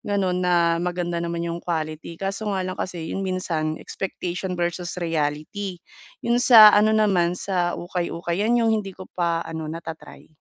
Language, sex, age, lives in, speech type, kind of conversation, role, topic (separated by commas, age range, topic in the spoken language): Filipino, female, 30-34, Philippines, conversation, advice, user, Paano ako makakapagdamit nang nakaayos at nasa uso nang hindi nasisira ang badyet ko?